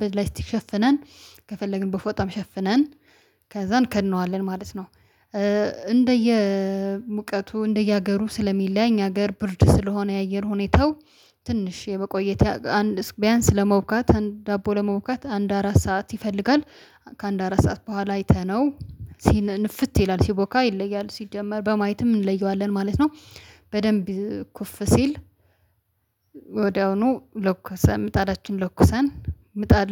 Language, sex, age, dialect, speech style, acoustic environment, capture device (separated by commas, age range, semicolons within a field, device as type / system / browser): Amharic, female, 25-34, Ethiopia - Addis Ababa, happy, silence, mobile / Linux / Chrome